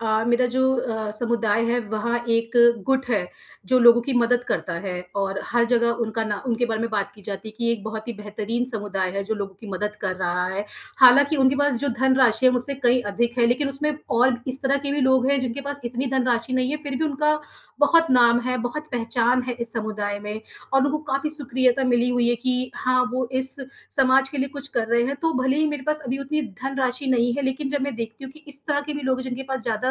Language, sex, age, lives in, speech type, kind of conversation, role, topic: Hindi, female, 30-34, India, conversation, advice, user, मैं अपने समुदाय में पहचान और स्वीकार्यता पाने के लिए कैसे योगदान दे सकता/सकती हूँ?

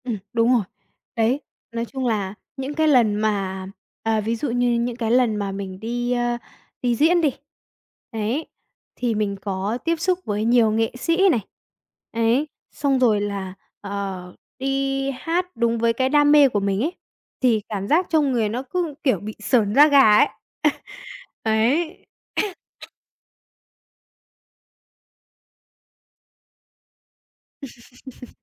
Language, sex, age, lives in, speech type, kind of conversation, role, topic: Vietnamese, male, 20-24, Vietnam, conversation, podcast, guest, Làm sao để biến sở thích thành một nghề nghiệp ý nghĩa?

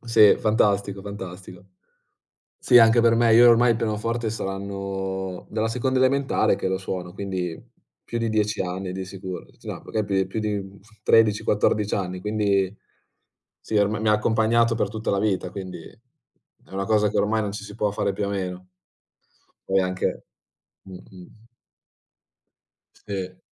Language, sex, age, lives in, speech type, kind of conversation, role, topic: Italian, male, 20-24, Italy, conversation, unstructured, none, In che modo coltivare un hobby migliora la tua vita quotidiana?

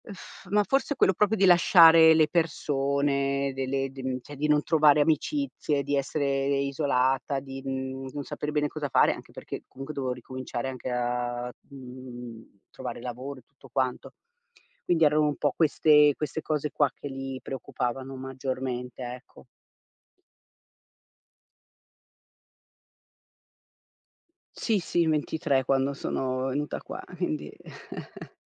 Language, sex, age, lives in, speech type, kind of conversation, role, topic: Italian, female, 50-54, Italy, conversation, podcast, guest, Com’è stato trasferirti fuori da casa dei tuoi genitori?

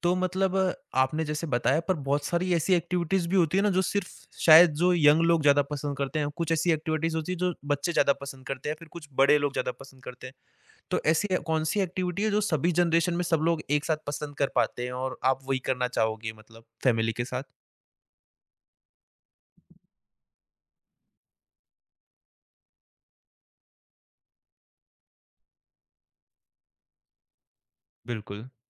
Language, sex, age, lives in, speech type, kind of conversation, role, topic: Hindi, male, 20-24, India, conversation, podcast, host, Family ke saath quality time bitaane ke liye sabse achha shauk kya ho sakta hai?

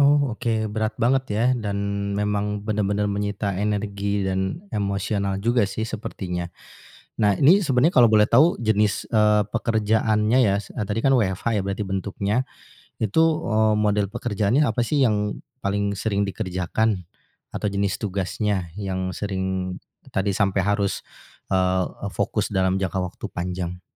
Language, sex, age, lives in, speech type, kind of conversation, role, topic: Indonesian, male, 40-44, Indonesia, conversation, advice, advisor, Bagaimana rutinitas kerja tanpa jeda istirahat membuat Anda kelelahan?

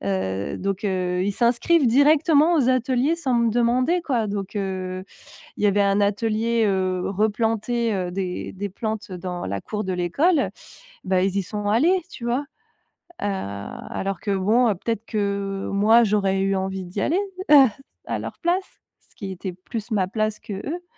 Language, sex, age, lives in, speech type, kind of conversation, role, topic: French, female, 35-39, France, conversation, advice, user, Comment poser des limites à des parents envahissants ?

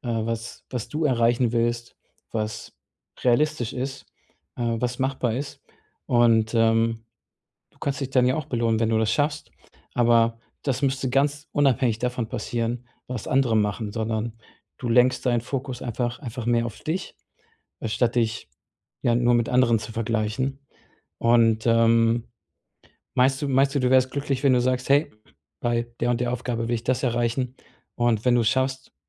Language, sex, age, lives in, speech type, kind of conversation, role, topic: German, male, 35-39, Germany, conversation, advice, advisor, Wie kann ich meinen Selbstwert von meiner Produktivität trennen?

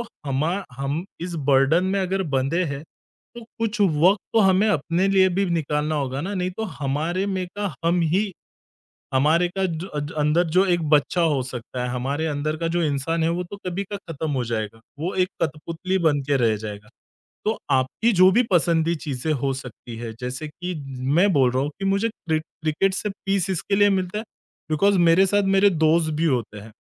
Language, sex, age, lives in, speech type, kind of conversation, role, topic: Hindi, male, 30-34, India, conversation, podcast, guest, Tumhe kaunse shauk sach mein time well spent lagte hain?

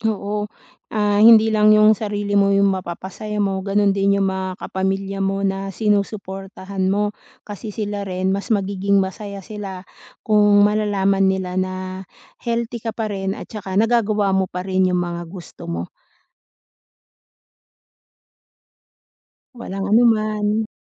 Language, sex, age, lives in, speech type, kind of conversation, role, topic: Filipino, female, 40-44, Philippines, conversation, advice, advisor, Paano ko maibabalik ang motibasyon kapag nawawalan ako ng sigla?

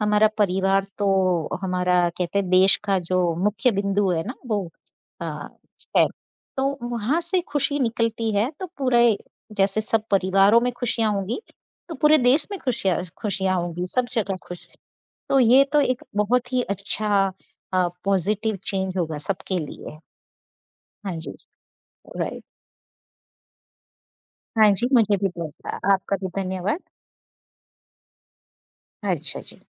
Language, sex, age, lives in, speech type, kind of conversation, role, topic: Hindi, female, 50-54, United States, conversation, unstructured, none, खुश रहने के लिए आप कौन-कौन सी आदतें अपनाते हैं?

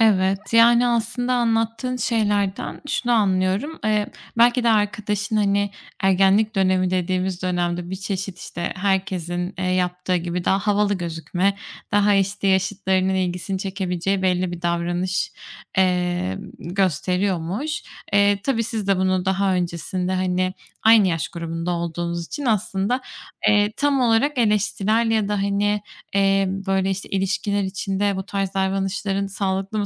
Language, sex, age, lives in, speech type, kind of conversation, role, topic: Turkish, female, 25-29, Spain, conversation, advice, advisor, Arkadaşınla aranızın yavaşça açılması ve ilişkinizin soğumasıyla nasıl başa çıkabilirsin?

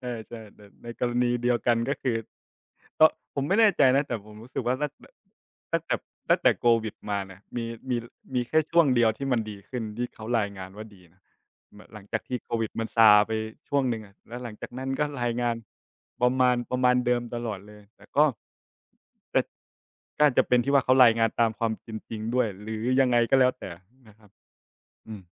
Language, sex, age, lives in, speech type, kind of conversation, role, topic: Thai, male, 25-29, Thailand, conversation, unstructured, none, ข่าวเศรษฐกิจตอนนี้ส่งผลต่อการใช้ชีวิตของคุณอย่างไร?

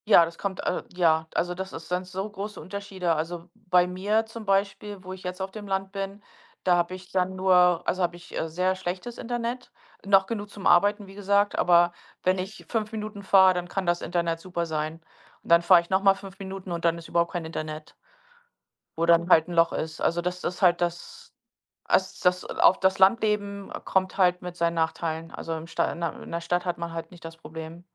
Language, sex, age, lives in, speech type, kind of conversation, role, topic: German, female, 45-49, United States, conversation, unstructured, none, Was denkst du über das Leben in der Stadt im Vergleich zum Leben auf dem Land?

